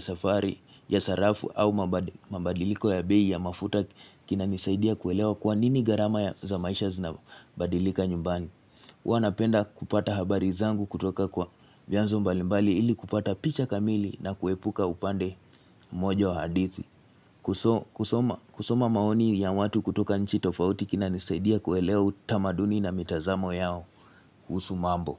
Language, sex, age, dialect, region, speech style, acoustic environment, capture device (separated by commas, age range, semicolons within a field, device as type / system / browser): Swahili, male, 18-24, Kenya - Nairobi Sheng-influenced, Kenya, relaxed; focused, silence, mobile / Linux / Chrome